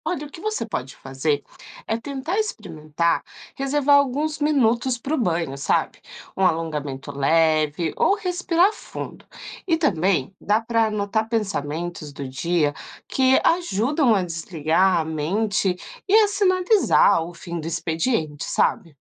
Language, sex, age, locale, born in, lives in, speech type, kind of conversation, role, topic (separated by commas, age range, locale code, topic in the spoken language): Portuguese, female, 30-34, pt-BR, Brazil, Portugal, read, expert-assistant, expert, Como posso criar um método simples para manter o equilíbrio emocional em situações complicadas?